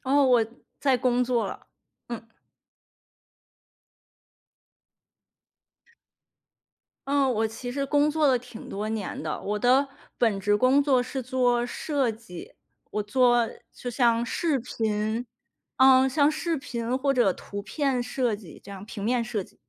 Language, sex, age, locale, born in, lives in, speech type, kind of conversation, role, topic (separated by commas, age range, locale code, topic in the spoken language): Chinese, female, 30-34, zh-CN, China, United States, conversation, podcast, guest, 如何在不辞职的情况下尝试进入新的领域？